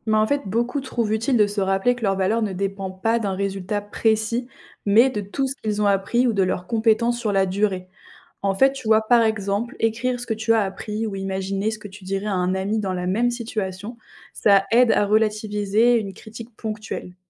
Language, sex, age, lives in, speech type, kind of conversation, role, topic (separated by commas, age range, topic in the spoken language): French, female, 20-24, France, read, expert-assistant, expert, Comment l’intelligence émotionnelle peut-elle m’aider à mieux gérer mes relations au travail ou dans la vie quotidienne ?